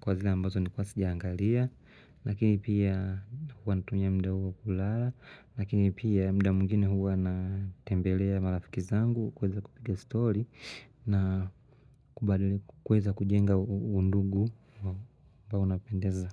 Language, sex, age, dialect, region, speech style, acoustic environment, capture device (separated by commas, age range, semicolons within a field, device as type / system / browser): Swahili, male, 25-34, Tanzania - Dar es Salaam, Tanzania, happy; relaxed, silence; nature, mobile / Linux / Chrome